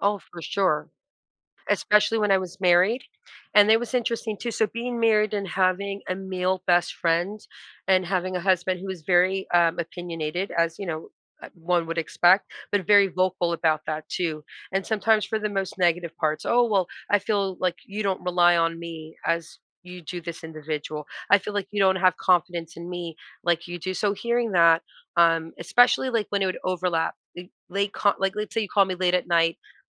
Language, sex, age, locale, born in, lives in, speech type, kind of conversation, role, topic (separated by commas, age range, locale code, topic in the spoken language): English, female, 40-44, en-US, United States, United States, conversation, podcast, guest, What qualities help friendships last through life's ups and downs?